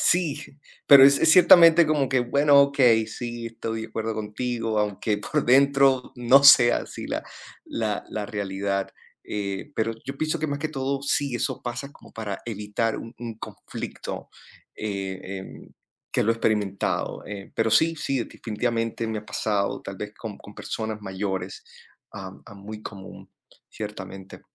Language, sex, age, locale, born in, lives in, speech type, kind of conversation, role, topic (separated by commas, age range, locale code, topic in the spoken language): Spanish, male, 30-34, es-CO, Colombia, United States, conversation, unstructured, none, ¿Cómo persuades a otras personas para que acepten tus ideas?